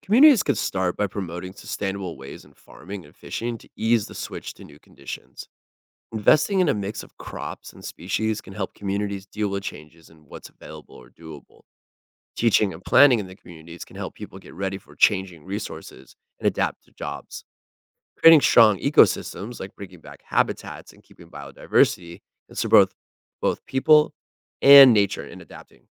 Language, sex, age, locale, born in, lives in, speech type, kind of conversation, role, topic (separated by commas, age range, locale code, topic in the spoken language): English, male, 40-44, en-US, United States, United States, read, expert-assistant, expert, How does the water cycle sustain life on Earth?